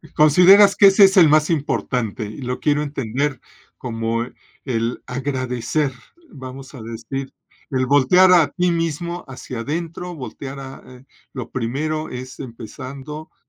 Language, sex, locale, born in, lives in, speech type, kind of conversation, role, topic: Spanish, male, es-MX, Mexico, Mexico, conversation, podcast, host, ¿Qué hábito matutino te cambia por completo el ánimo?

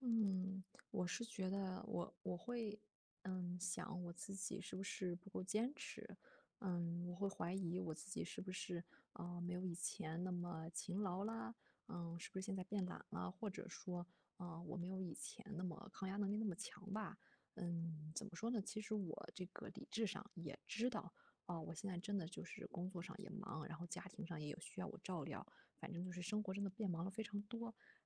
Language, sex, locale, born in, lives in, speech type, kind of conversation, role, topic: Chinese, female, zh-CN, China, Germany, conversation, advice, user, 在生活发生变化时，我该如何调整自己的目标？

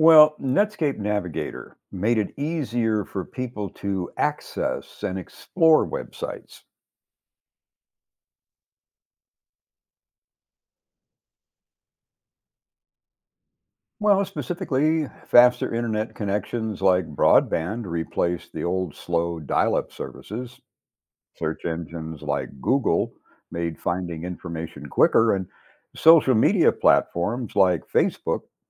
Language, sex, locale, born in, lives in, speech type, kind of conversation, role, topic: English, male, en-US, United States, United States, read, expert-assistant, expert, What are the origins of the internet and its evolution?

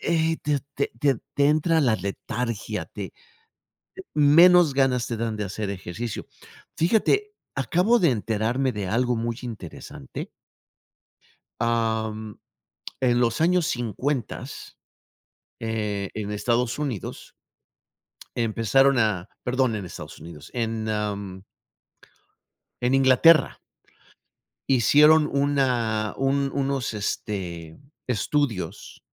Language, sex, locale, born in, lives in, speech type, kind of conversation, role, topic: Spanish, male, es-MX, Mexico, United States, conversation, unstructured, none, ¿Te preocupa que la falta de ejercicio pueda causar enfermedades?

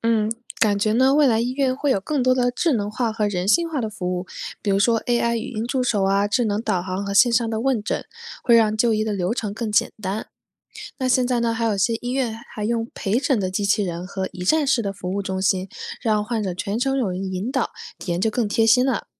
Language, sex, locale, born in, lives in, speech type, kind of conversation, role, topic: Chinese, female, zh-CN, China, United States, read, expert-assistant, expert, 未来看病会有哪些不一样的体验？我们能怎样让就医过程变得更人性化？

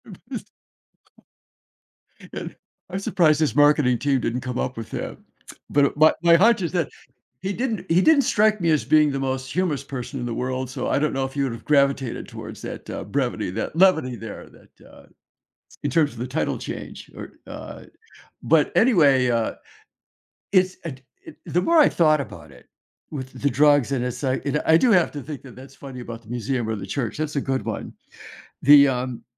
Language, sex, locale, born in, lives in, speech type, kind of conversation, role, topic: English, male, en-US, United States, United States, conversation, unstructured, none, How can I discuss performance-enhancing drugs and fair play without sounding moralizing?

